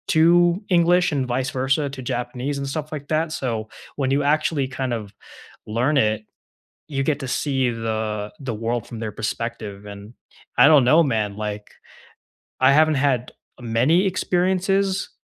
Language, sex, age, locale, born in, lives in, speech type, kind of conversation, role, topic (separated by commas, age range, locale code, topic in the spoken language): English, male, 20-24, en-US, United States, United States, conversation, unstructured, none, What are you currently learning just for fun, and what drew you to it?